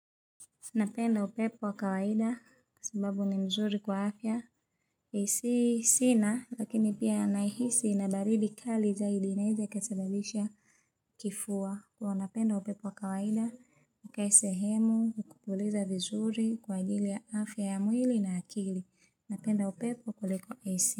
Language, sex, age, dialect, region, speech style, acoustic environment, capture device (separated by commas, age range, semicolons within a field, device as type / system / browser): Swahili, female, 25-34, Tanzania - Dar es Salaam, Tanzania, relaxed, silence, mobile / Linux / Chrome